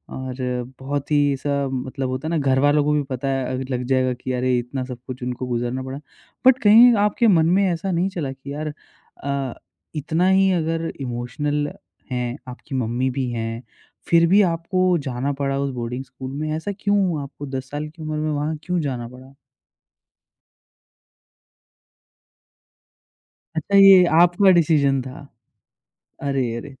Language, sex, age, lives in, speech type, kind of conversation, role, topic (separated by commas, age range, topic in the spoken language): Hindi, male, 25-29, India, conversation, podcast, host, Kaunsi film ne tumhari feelings ko sabse zyada jhinjhod diya?